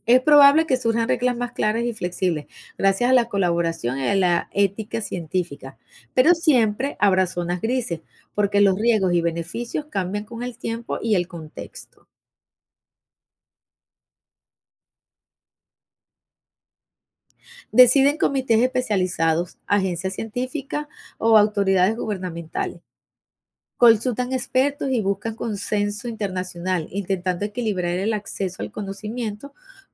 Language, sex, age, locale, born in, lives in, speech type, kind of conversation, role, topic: Spanish, female, 55-59, es-VE, Venezuela, United States, read, expert-assistant, expert, ¿Por qué el universo sigue expandiéndose?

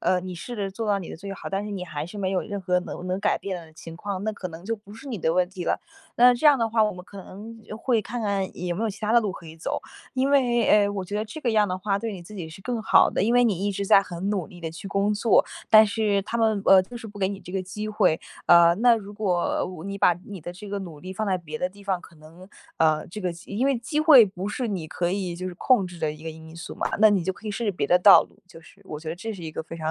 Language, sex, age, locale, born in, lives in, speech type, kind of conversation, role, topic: Chinese, female, 20-24, zh-CN, China, United States, conversation, advice, advisor, 我争取晋升机会却被忽视时，该怎么办？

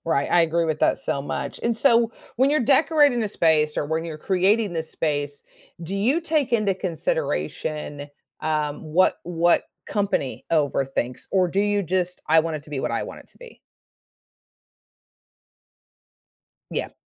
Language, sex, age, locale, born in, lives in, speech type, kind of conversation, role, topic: English, female, 50-54, en-US, United States, United States, conversation, podcast, host, What makes a home feel truly welcoming and peaceful?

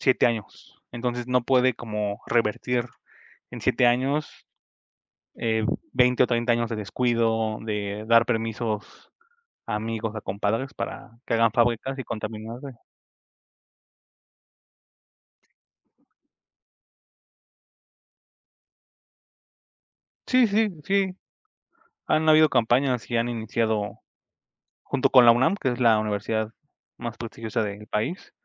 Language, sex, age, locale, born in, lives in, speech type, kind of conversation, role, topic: Spanish, male, 25-29, es-MX, Mexico, Mexico, conversation, unstructured, none, ¿Qué opinas sobre la contaminación que afecta a nuestros ríos?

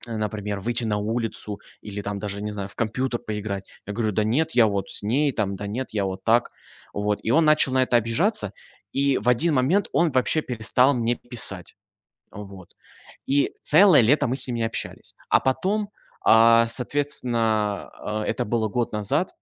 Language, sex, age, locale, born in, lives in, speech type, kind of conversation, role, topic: Russian, male, 20-24, ru-RU, Russia, Germany, conversation, advice, user, Как возобновить дружбу после долгой паузы?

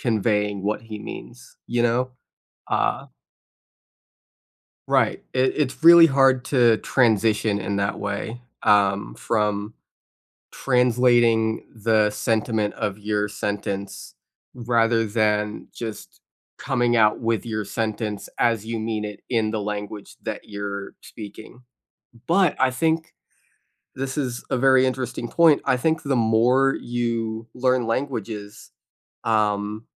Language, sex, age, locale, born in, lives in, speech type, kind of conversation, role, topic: English, other, 20-24, en-US, United States, United States, conversation, unstructured, none, How do you keep your curiosity alive outside of school?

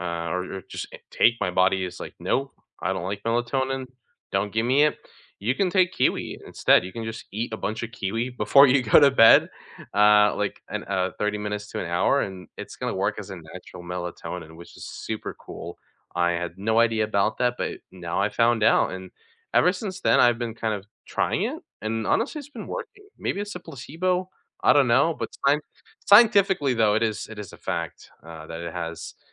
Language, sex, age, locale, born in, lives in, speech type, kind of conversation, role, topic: English, male, 20-24, en-US, United States, United States, conversation, unstructured, none, What recent science or health story surprised you?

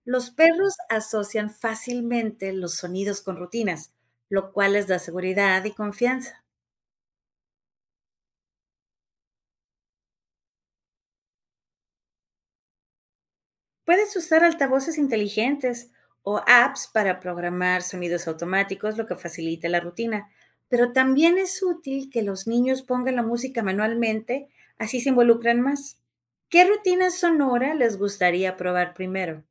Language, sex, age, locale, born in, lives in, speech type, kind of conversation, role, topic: Spanish, female, 45-49, es-MX, Mexico, Mexico, read, expert-assistant, expert, ¿Cómo podríamos reinventar el entrenamiento de perros para hacerlo más divertido tanto para las mascotas como para sus dueños?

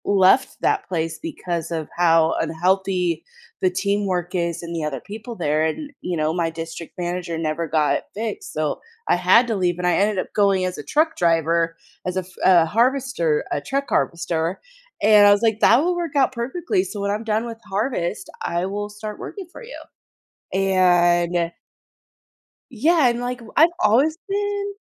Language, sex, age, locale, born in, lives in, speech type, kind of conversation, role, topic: English, female, 30-34, en-US, United States, United States, conversation, podcast, guest, How does food bring people together?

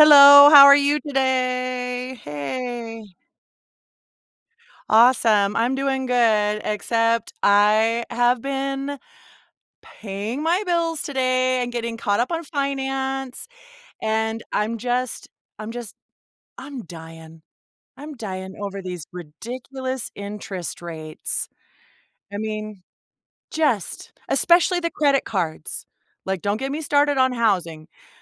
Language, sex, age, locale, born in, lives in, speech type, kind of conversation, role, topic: English, female, 40-44, en-US, United States, United States, conversation, unstructured, none, How do high interest rates on credit cards impact people's financial decisions?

